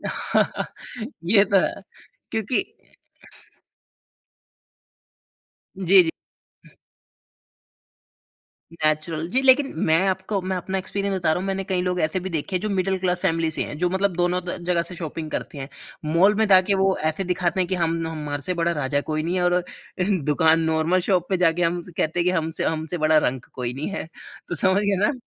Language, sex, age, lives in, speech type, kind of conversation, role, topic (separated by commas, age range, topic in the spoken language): Hindi, male, 30-34, India, conversation, podcast, host, Aapke parivaar mein kaunsi aisi parampara hai jo peedhi dar peedhi chali aa rahi hai?